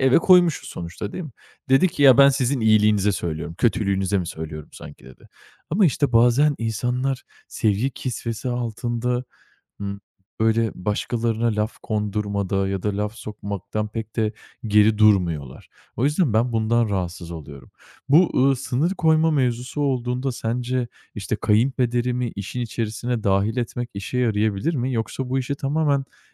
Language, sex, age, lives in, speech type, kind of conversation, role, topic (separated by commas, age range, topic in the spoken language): Turkish, male, 25-29, Italy, conversation, advice, user, Kayınvalideniz aile kararlarınıza ne ölçüde karışıyor ve bu size nasıl hissettiriyor?